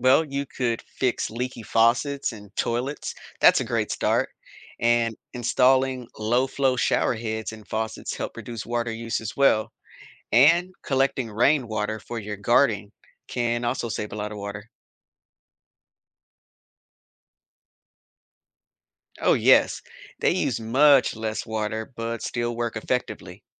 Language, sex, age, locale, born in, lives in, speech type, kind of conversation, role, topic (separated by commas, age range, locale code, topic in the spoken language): English, male, 35-39, en-US, United States, United States, read, expert-assistant, expert, How can a home become more environmentally friendly?